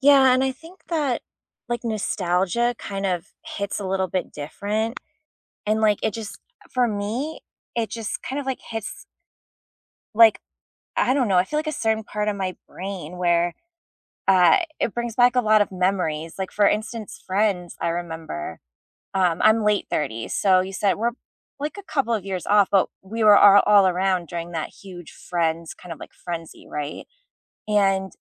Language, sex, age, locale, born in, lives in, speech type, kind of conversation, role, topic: English, female, 35-39, en-US, United States, United States, conversation, unstructured, none, What is your current comfort show, and why does it work for you?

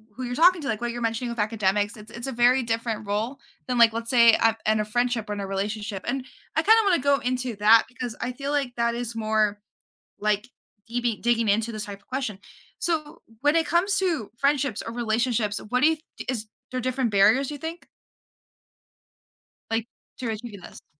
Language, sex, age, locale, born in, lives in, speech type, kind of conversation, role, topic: English, female, 18-19, en-US, United States, United States, conversation, podcast, host, What makes a conversation feel open and honest?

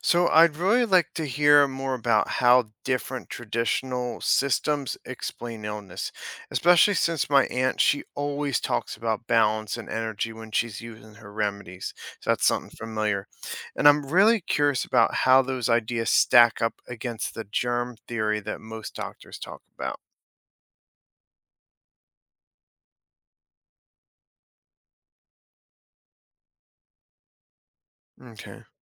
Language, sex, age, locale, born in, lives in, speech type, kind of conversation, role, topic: English, male, 35-39, en-US, United States, United States, read, expert-assistant, asker, How would relying on traditional healing change health beliefs?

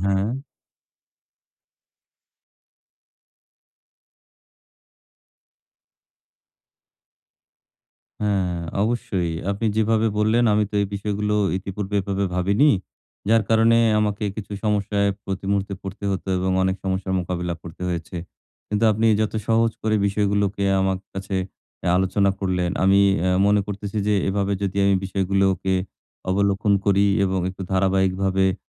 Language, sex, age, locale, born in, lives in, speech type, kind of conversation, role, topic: Bengali, male, 40-44, bn-BD, Bangladesh, Bangladesh, conversation, advice, user, আমি কীভাবে সাশ্রয়ী দামে ভালো মানের পোশাক খুঁজে পেতে পারি?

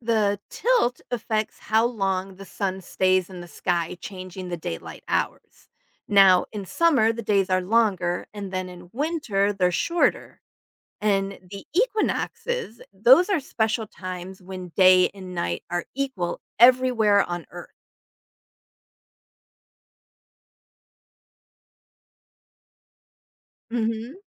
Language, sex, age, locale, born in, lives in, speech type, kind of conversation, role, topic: English, female, 50-54, en-US, United States, United States, read, expert-assistant, expert, What causes seasonal changes in weather?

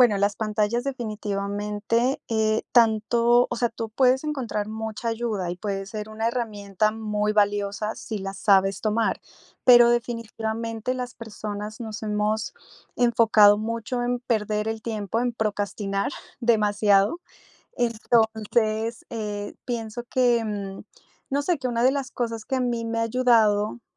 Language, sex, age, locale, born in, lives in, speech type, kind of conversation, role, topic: Spanish, female, 35-39, es-CO, Colombia, United States, conversation, podcast, guest, ¿Qué haces para desconectarte de las pantallas?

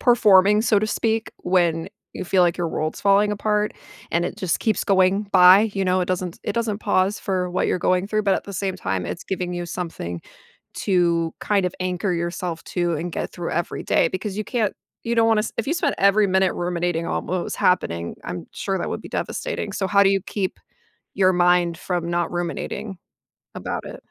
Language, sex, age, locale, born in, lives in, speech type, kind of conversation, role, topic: English, female, 30-34, en-US, United States, United States, conversation, podcast, host, How do people find support and healing after experiencing loss?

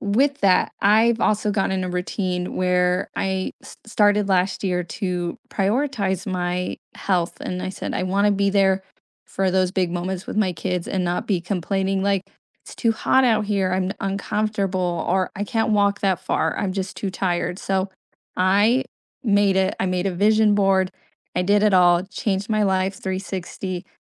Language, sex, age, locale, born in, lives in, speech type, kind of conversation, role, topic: English, female, 25-29, en-US, United States, United States, conversation, unstructured, none, What role does sleep play in your mental health?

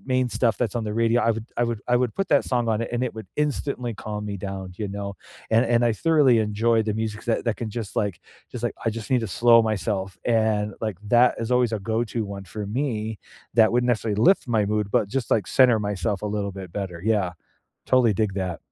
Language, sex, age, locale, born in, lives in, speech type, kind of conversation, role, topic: English, male, 45-49, en-US, United States, United States, conversation, unstructured, none, What music always lifts your mood, and why?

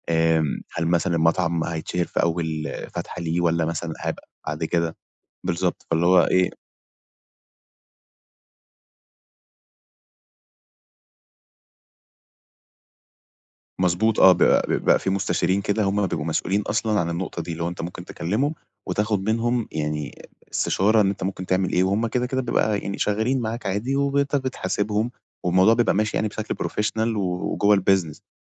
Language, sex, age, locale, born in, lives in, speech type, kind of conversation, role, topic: Arabic, male, 20-24, ar-EG, Egypt, Egypt, conversation, advice, advisor, ازاي أواجه خوف الفشل وابدأ مشروع رغم ترددي؟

